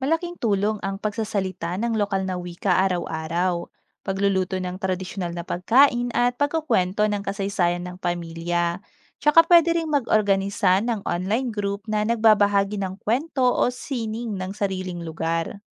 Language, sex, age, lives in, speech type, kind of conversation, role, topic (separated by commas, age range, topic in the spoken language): Filipino, female, 30-34, Philippines, read, expert-assistant, expert, Paano kung biglang nawala ang lahat ng wika sa mundo maliban sa isa? Anong epekto nito sa kultura, pagkakakilanlan, at komunikasyon ng tao?